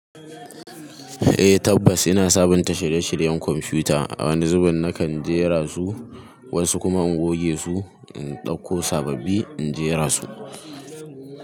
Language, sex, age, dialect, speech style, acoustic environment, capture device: Hausa, male, 18-24, Nigeria - Kano, relaxed, people talking, mobile / Linux / Chrome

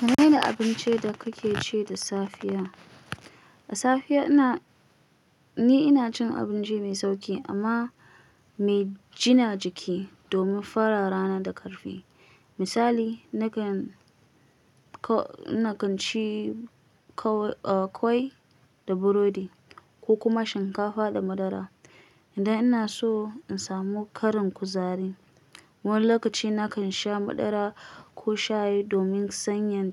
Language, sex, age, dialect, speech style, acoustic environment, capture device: Hausa, female, 25-34, Nigeria - Kano, stressed; anxious, silence, mobile / Linux / Chrome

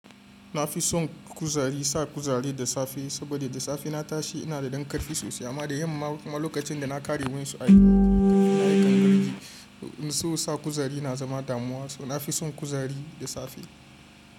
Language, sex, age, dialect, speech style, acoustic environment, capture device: Hausa, male, 25-34, Nigeria - Kano, happy, appliances, mobile / Linux / Chrome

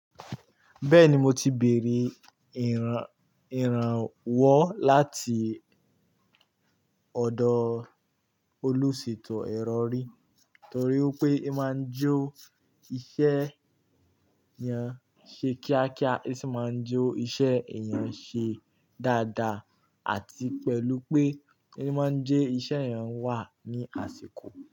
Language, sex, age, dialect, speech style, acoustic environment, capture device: Yoruba, male, 18-24, Nigeria - Kano, relaxed, nature, mobile / Linux / Chrome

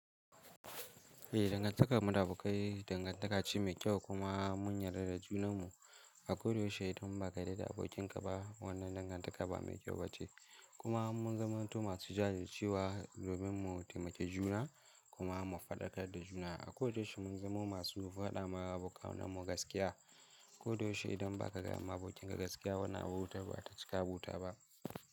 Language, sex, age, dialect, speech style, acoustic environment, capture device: Hausa, male, 18-24, Nigeria - Kano, excited; focused; happy, silence, mobile / Linux / Chrome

